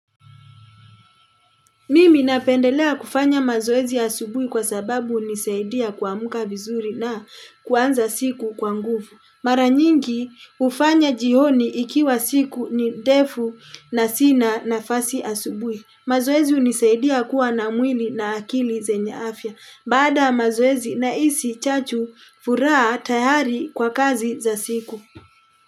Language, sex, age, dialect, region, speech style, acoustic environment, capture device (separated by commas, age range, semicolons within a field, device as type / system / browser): Swahili, female, 35-44, Kenya - Nairobi Sheng-influenced, Kenya, happy; relaxed; excited; focused, people talking; traffic; construction; appliances; nature, mobile / Linux / Chrome